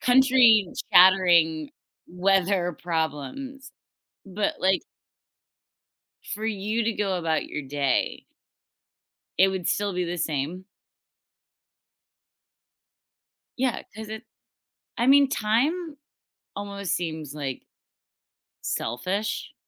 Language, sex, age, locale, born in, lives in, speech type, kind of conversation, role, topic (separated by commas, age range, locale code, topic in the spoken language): English, female, 30-34, en-US, United States, United States, conversation, unstructured, none, Would you rather be able to control the weather or control time?